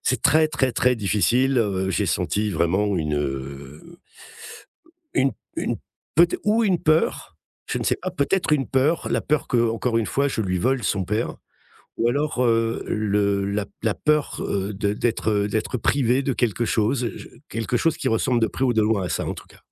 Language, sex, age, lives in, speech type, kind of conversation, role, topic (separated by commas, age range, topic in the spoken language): French, male, 55-59, France, conversation, advice, user, Comment gérer des conflits récurrents avec votre belle-famille ?